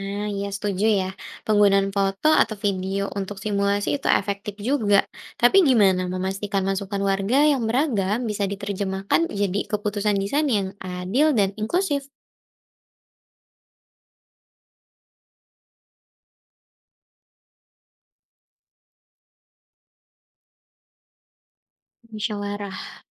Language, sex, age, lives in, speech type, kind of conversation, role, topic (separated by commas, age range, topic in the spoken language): Indonesian, female, 20-24, Indonesia, read, expert-assistant, asker, Bagaimana jika kita mendesain ulang ruang publik kota agar lebih inklusif untuk semua kelompok usia dan latar belakang sosial?